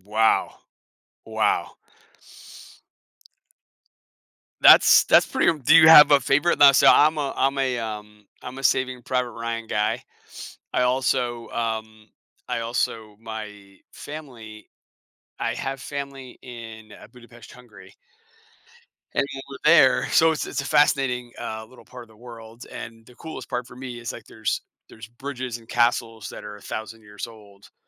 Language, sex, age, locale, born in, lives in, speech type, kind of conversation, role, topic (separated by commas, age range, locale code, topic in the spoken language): English, male, 40-44, en-US, United States, United States, conversation, podcast, host, What motivates people to keep learning and growing throughout their lives?